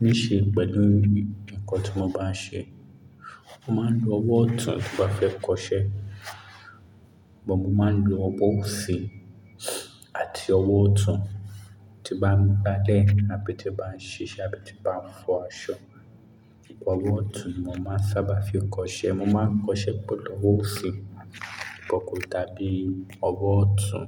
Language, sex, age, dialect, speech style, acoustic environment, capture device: Yoruba, male, 25-34, Nigeria - Lagos Yoruba, relaxed; focused; excited, silence, mobile / Linux / Chrome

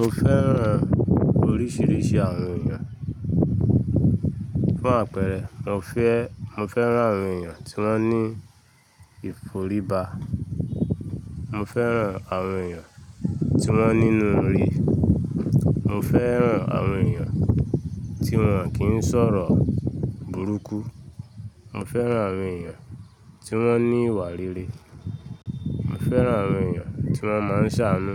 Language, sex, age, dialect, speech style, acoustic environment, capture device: Yoruba, male, 25-34, Nigeria - Lagos Yoruba, relaxed, people talking; nature; traffic, mobile / Linux / Chrome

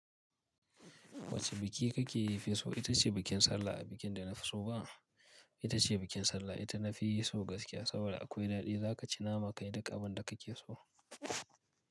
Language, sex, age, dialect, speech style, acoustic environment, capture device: Hausa, male, 25-34, Nigeria - Kano, focused, nature, mobile / Linux / Chrome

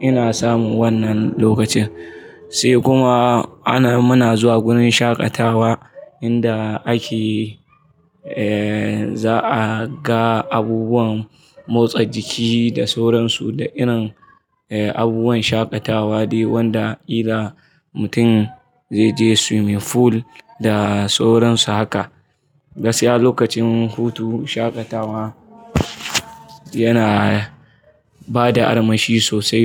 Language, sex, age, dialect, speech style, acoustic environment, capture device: Hausa, male, 25-34, Nigeria - Kano, happy; excited, people talking; traffic; appliances, mobile / Linux / Chrome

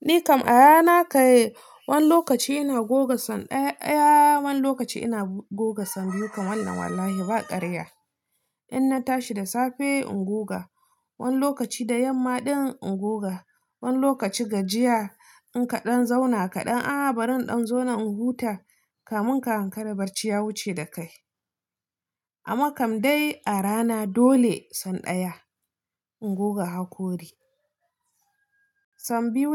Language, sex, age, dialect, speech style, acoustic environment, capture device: Hausa, female, 25-34, Nigeria - Nigerian Standard, relaxed, nature; silence, mobile / Linux / Chrome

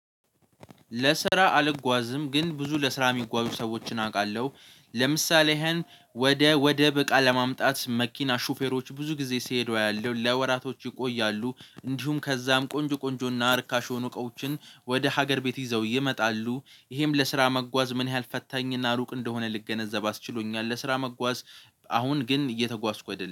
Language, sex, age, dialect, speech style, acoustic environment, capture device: Amharic, male, 18-24, Ethiopia - Addis Ababa, focused, nature, mobile / Linux / Chrome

